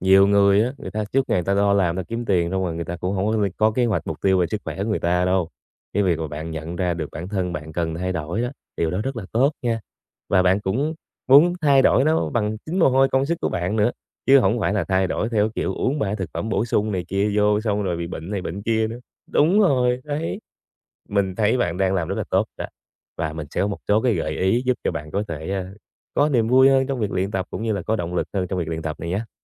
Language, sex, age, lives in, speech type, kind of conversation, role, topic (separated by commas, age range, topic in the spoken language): Vietnamese, male, 20-24, Vietnam, conversation, advice, advisor, Làm sao để không chán khi tập luyện và vẫn tiến bộ?